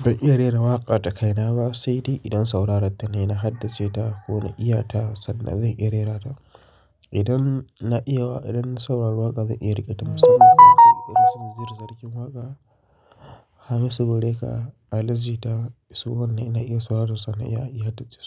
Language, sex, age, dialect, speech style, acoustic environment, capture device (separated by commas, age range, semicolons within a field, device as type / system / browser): Hausa, male, 25-34, Nigeria - Kano, focused, nature, mobile / Linux / Chrome